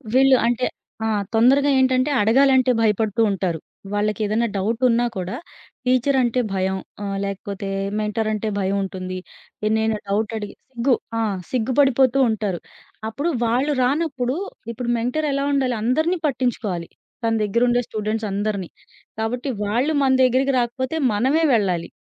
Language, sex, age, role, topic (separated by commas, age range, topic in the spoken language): Telugu, female, 30-34, guest, విద్యార్థి స్వాభిమానాన్ని పెంచేందుకు మెంటర్ ఏమి చేయాలి?